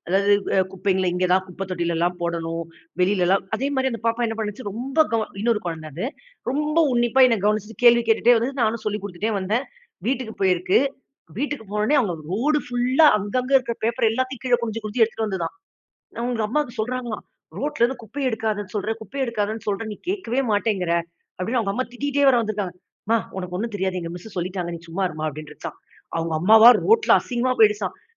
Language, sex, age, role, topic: Tamil, female, 40-44, guest, பள்ளி மாணவர்களை சுற்றுச்சூழல் சார்ந்த செயல்பாடுகளில் ஆர்வமுடன் ஈடுபடச் செய்வது எப்படி?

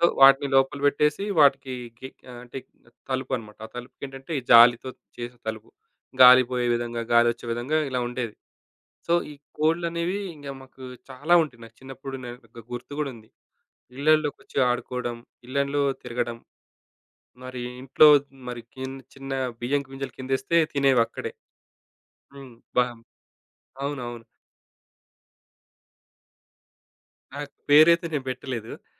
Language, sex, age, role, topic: Telugu, male, 35-39, guest, చిన్నప్పుడు మీకు పెంపుడు జంతువులతో ఎలాంటి అనుభవాలు ఉన్నాయి?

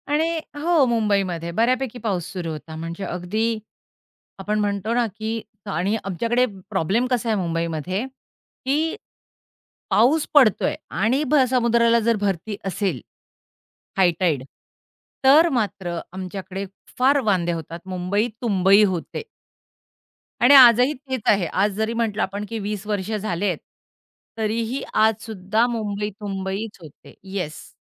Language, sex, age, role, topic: Marathi, female, 45-49, guest, पावसाने तुमच्या आयुष्यात काय शिकवलं?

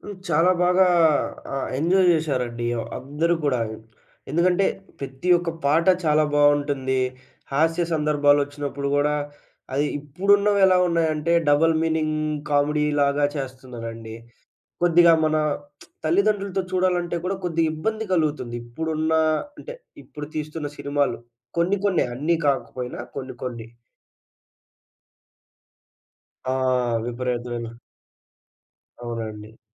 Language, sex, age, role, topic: Telugu, male, 20-24, guest, పాత తెలుగు చిత్రం మీకు ఏది అత్యంత ఇష్టమైందీ, ఎందుకు?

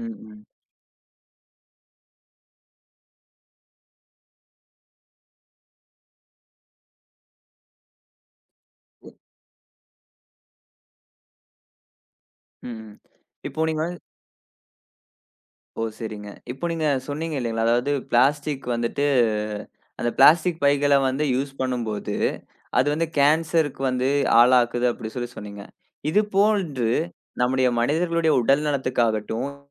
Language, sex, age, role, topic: Tamil, male, 20-24, host, தினசரி வாழ்வில் பிளாஸ்டிக் பயன்பாட்டைக் குறைக்க நாம் எடுக்கக்கூடிய எளிய வழிகள் என்ன?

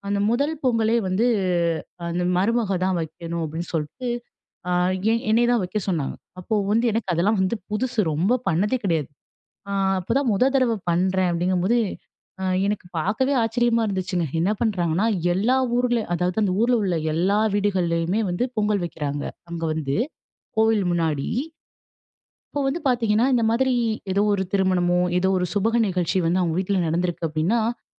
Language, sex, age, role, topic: Tamil, female, 25-29, guest, வீட்டில் ஒவ்வொரு திருநாளையும் நீங்கள் எப்படிக் கொண்டாடுகிறீர்கள்?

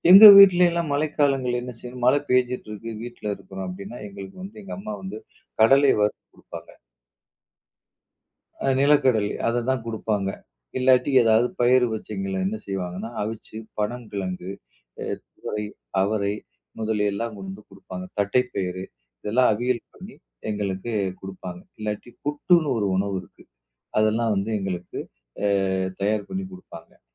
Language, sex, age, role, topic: Tamil, male, 40-44, guest, மழைக்காலத்தில் வெளியே செல்லும்போது நீங்கள் எவ்வாறு தயாராகிறீர்கள்?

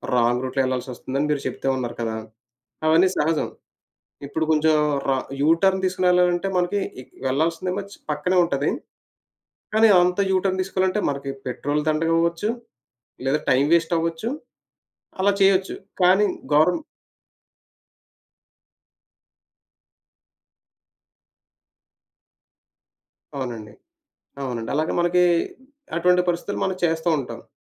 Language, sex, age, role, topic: Telugu, male, 30-34, guest, ఎప్పుడైనా మీరు చేసిన పొరపాటు అనుకోని విధంగా మంచి ఫలితానికి దారి తీసిందా?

